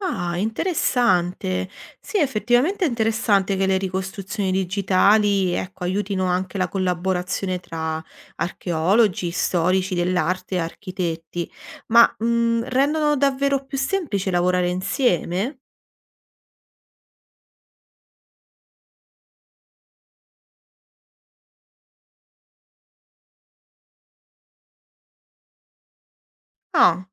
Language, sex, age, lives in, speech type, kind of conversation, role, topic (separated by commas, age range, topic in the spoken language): Italian, female, 30-34, Italy, read, expert-assistant, asker, Qual è il modo migliore per scoprire come viveva una comunità antica solo dai resti che lascia?